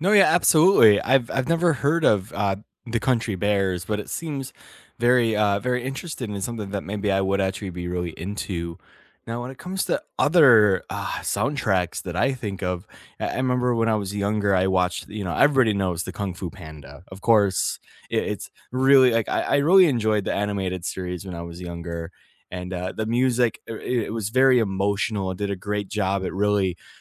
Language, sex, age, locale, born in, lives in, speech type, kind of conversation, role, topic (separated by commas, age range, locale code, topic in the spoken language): English, male, 25-29, en-US, United States, United States, conversation, unstructured, none, Which soundtracks or musical scores transformed scenes for you, and why did they resonate personally?